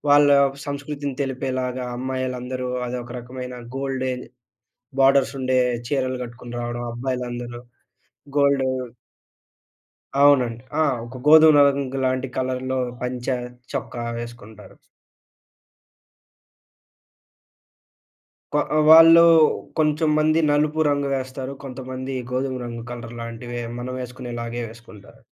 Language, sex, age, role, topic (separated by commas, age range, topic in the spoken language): Telugu, male, 20-24, guest, నీ దుస్తుల ద్వారా నువ్వు ఏ రకంగా కనిపించాలని కోరుకుంటావు?